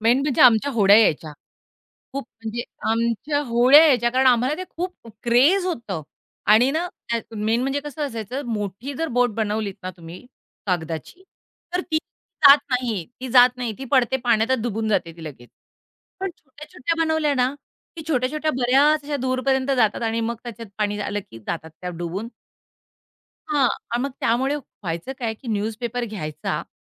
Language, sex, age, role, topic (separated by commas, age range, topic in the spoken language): Marathi, female, 45-49, guest, पावसाळ्याच्या आठवणी जागवणारं कोणतं गाणं तुमच्या मनात येतं?